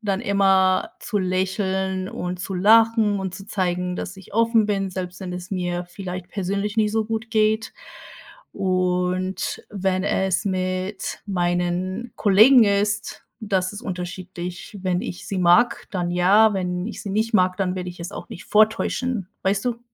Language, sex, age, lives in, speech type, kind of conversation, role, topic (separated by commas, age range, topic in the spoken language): German, female, 30-34, United States, conversation, unstructured, none, Wie wichtig ist dir Freundlichkeit im Umgang mit anderen?